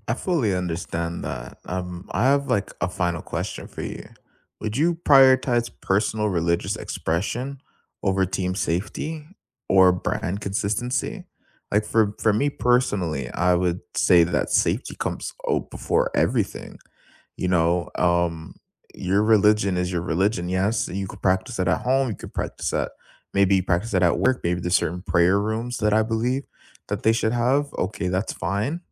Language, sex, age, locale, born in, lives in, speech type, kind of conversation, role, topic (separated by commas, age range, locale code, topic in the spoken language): English, male, 20-24, en-CA, Canada, Canada, conversation, unstructured, none, Should workplaces be required to accommodate employees' religious dress and practices even when they conflict with uniform policies?